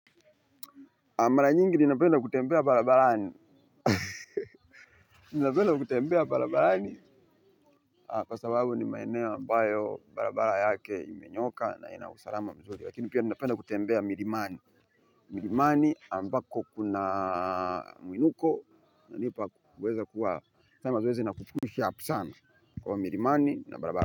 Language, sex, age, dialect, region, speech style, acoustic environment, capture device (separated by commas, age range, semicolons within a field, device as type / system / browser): Swahili, male, 25-34, Tanzania - Dar es Salaam, Tanzania, happy, people talking, mobile / Linux / Chrome